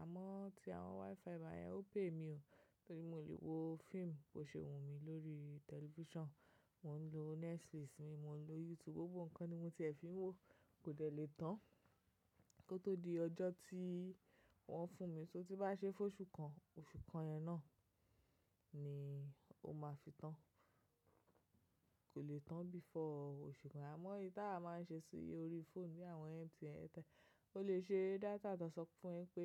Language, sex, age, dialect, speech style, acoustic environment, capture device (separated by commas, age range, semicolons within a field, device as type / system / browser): Yoruba, female, 25-34, Nigeria - Lagos Yoruba, relaxed, silence, mobile / macOS / Safari